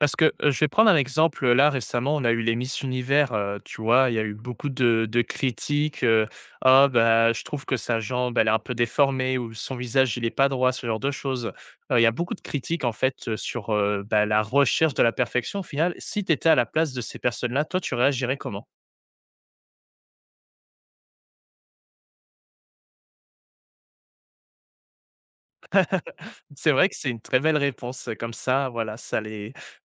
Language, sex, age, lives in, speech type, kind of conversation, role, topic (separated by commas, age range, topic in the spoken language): French, male, 20-24, France, conversation, podcast, host, Comment t’accordes-tu le droit d’être imparfait ?